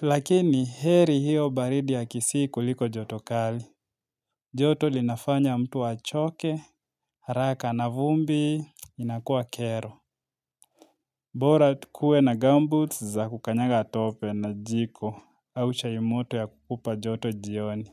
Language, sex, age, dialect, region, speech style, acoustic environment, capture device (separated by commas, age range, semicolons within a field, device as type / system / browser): Swahili, male, 25-34, Kenya - Nairobi Sheng-influenced, Kenya, nervous, silence, mobile / Linux / Chrome